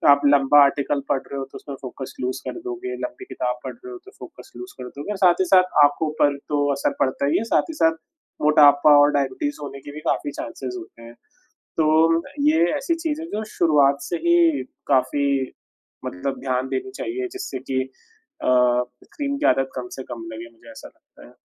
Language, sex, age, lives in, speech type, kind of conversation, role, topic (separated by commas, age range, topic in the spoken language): Hindi, male, 25-29, India, conversation, unstructured, none, आप अपने जीवन में स्क्रीन समय को कैसे संतुलित करते हैं?